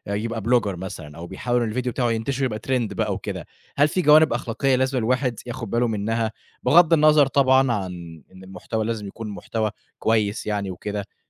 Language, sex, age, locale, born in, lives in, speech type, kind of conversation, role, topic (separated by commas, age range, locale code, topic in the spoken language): Arabic, male, 25-29, ar-EG, Egypt, Egypt, conversation, podcast, host, ليه بعض الفيديوهات بتبقى فيروسية فجأة؟